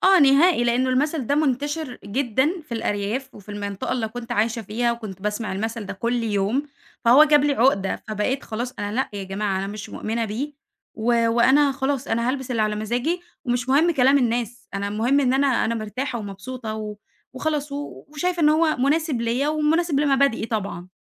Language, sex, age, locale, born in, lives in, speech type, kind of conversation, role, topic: Arabic, female, 20-24, ar-EG, Egypt, Egypt, conversation, podcast, guest, بتلبس علشان الناس تتكلم ولا عشان راحتك إنت؟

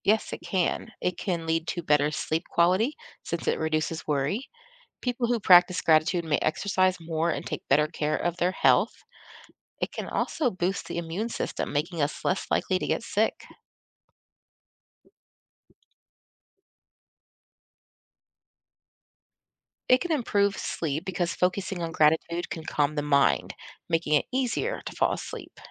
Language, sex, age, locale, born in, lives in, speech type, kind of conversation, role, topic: English, female, 40-44, en-US, United States, United States, read, expert-assistant, expert, What are the benefits of practicing gratitude daily?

